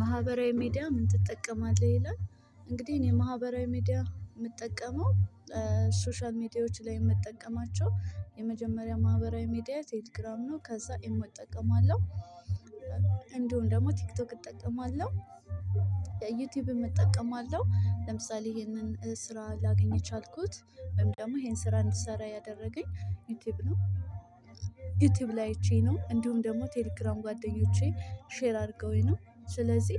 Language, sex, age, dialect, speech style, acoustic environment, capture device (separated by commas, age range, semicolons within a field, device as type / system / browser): Amharic, female, 25-34, Ethiopia - Addis Ababa, relaxed; focused; stressed; happy, people talking, mobile / Linux / Chrome